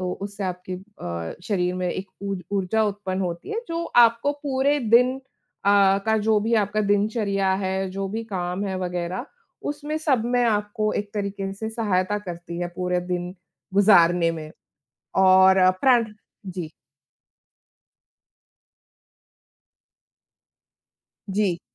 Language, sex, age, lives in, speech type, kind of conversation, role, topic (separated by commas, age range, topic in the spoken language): Hindi, female, 35-39, India, conversation, unstructured, none, आपको व्यायाम करने की सबसे पसंदीदा विधि कौन-सी है?